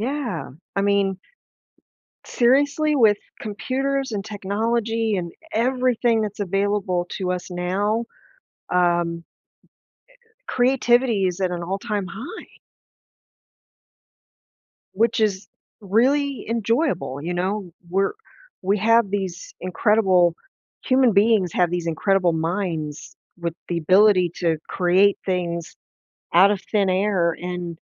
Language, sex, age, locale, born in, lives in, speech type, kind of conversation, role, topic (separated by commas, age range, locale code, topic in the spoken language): English, female, 50-54, en-US, United States, United States, conversation, advice, advisor, How can I add variety to my daily routine?